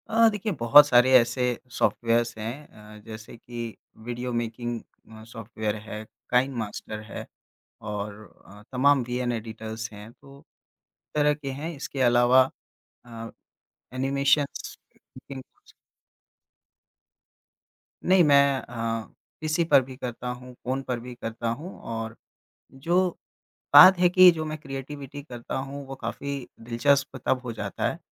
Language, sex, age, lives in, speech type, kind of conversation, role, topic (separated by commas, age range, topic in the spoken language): Hindi, male, 25-29, India, conversation, podcast, guest, रोज़ाना कौन-सी छोटी आदतें आपकी रचनात्मकता बढ़ाती हैं?